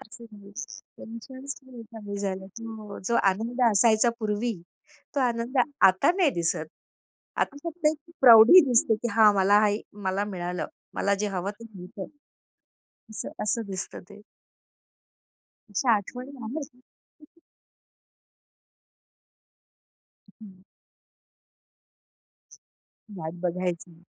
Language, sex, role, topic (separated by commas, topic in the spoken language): Marathi, female, guest, सणाच्या दिवशी घातलेल्या खास परिधानांचा तुमच्या आत्मविश्वासावर कसा परिणाम होतो?